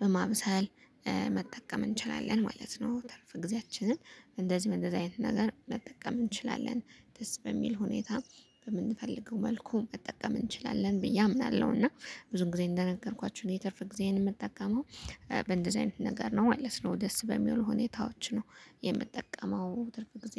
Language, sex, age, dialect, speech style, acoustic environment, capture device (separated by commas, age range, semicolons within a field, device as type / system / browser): Amharic, female, 25-34, Ethiopia - Gondar, happy, nature, mobile / Linux / Chrome